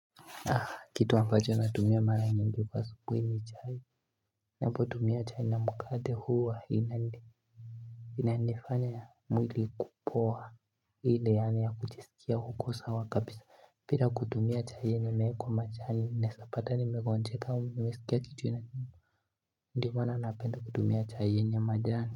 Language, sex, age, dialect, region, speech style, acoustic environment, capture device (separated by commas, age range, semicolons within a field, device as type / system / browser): Swahili, male, 18-24, Kenya - Nairobi Sheng-influenced, Kenya, relaxed, silence, mobile / Linux / Chrome